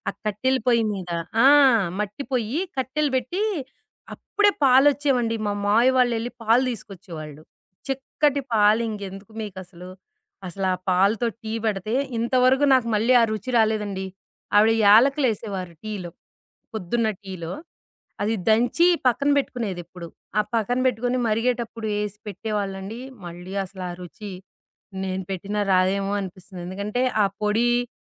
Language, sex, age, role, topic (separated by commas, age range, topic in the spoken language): Telugu, female, 40-44, guest, మీ టీ లేదా కాఫీ అలవాటు మీకు ఎలా మధురంగా అనిపిస్తుంది?